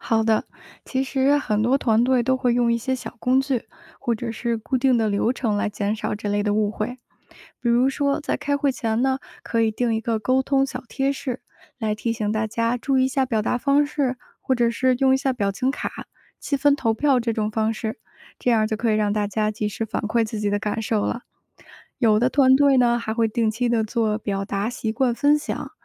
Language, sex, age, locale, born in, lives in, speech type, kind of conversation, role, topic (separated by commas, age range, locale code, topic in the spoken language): Chinese, female, 30-34, zh-CN, China, United States, read, expert-assistant, expert, 跨文化团队如何化解误会并促进合作？